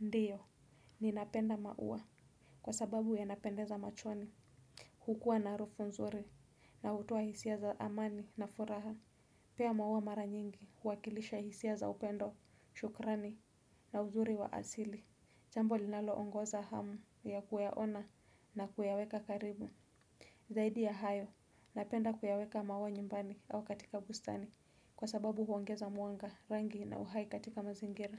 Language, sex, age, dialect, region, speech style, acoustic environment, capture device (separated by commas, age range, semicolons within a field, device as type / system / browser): Swahili, female, 25-34, Kenya - Mombasa, Kenya, happy, silence, desktop / Windows / Chrome